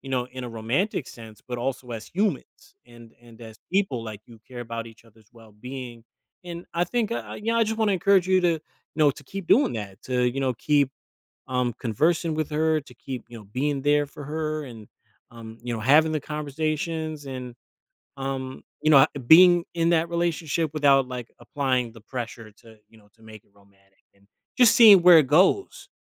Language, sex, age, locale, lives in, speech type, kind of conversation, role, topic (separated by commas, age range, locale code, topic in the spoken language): English, male, 35-39, en-US, United States, conversation, advice, advisor, How can I reconnect with an old friend?